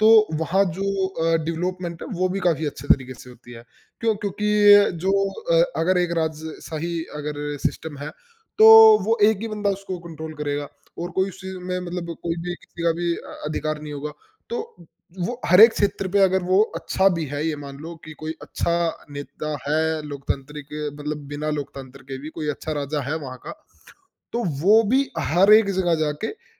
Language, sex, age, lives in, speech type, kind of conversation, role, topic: Hindi, male, 20-24, India, conversation, unstructured, none, लोकतंत्र हमारे लिए कितना ज़रूरी है?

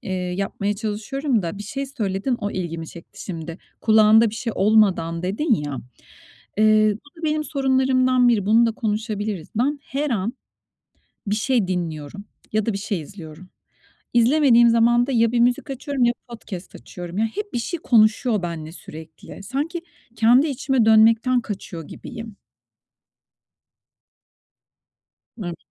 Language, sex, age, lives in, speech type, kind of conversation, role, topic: Turkish, female, 45-49, Spain, conversation, advice, user, Odaklanma süremi artırıp dikkatimi korumak için hangi alışkanlıkları geliştirebilirim?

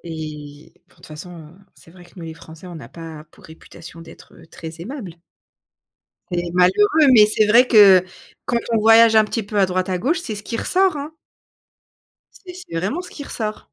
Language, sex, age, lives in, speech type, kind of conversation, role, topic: French, female, 30-34, France, conversation, podcast, host, Comment gères-tu la peur du regard des autres et du jugement ?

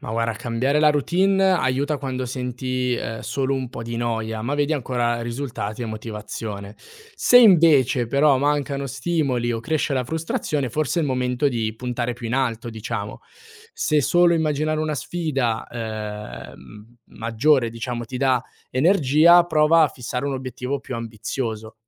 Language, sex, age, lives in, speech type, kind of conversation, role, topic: Italian, male, 18-19, Italy, read, expert-assistant, expert, Conviene puntare su piccoli cambiamenti quotidiani o su grandi sfide per migliorarsi nella vita?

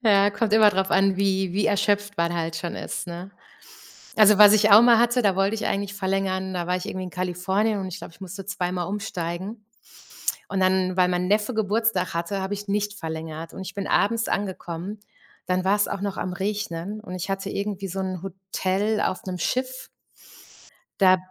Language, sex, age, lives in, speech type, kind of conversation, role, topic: German, female, 45-49, Germany, conversation, unstructured, none, Wenn du dich zwischen Sommer und Winter entscheiden müsstest, welche Jahreszeit würdest du wählen?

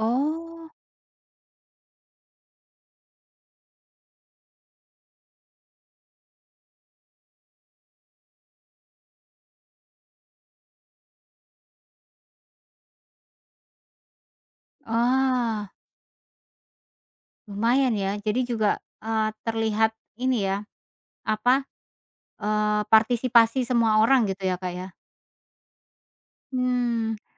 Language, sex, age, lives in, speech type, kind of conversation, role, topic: Indonesian, female, 40-44, Indonesia, conversation, podcast, host, Bagaimana pengalamanmu merayakan tradisi lama di tempat baru?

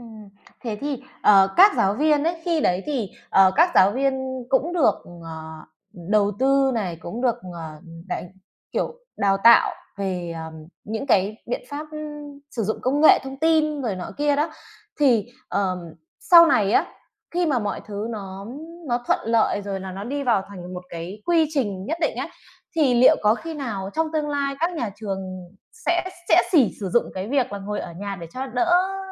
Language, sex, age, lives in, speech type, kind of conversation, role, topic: Vietnamese, female, 30-34, Vietnam, conversation, podcast, host, Bạn nghĩ thế nào về việc học trực tuyến so với học trực tiếp?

